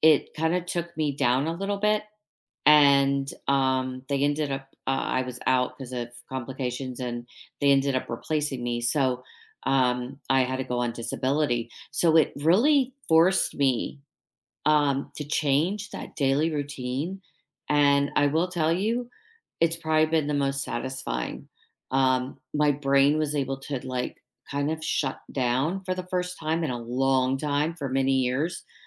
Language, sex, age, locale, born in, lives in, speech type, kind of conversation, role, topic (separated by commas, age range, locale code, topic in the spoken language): English, female, 50-54, en-US, United States, United States, conversation, unstructured, none, Which tiny daily routine has noticeably improved your day, and what’s the story behind it?